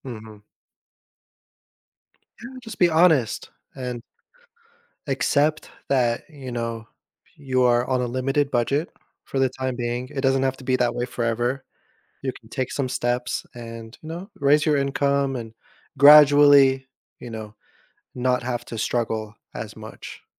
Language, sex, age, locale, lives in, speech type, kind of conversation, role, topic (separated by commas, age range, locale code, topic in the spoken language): English, male, 30-34, en-US, United States, conversation, podcast, host, What are some realistic ways to improve financial stability when money is tight?